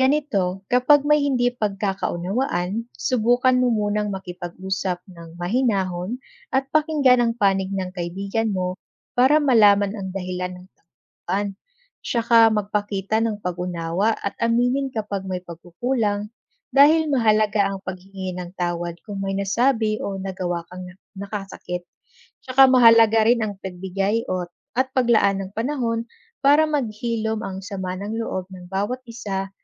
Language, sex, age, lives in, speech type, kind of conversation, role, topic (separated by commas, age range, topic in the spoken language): Filipino, female, 25-29, Philippines, read, expert-assistant, expert, Ano ang mga paraan upang maging mabuting kaibigan?